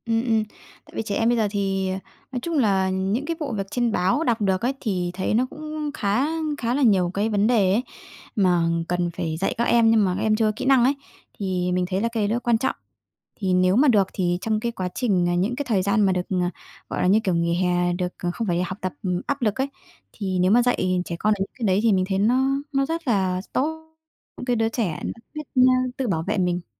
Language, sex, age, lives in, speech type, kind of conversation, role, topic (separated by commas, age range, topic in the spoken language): Vietnamese, female, 30-34, Vietnam, conversation, podcast, guest, Bạn nhớ kỷ niệm nào với ông bà nhất?